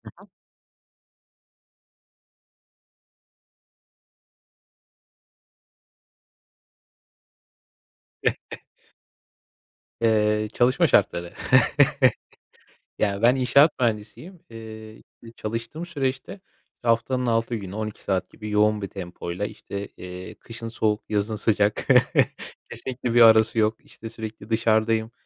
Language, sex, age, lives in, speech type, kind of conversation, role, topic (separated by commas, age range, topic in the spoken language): Turkish, male, 25-29, Poland, conversation, podcast, guest, Kaynak seçerken nelere dikkat edersin?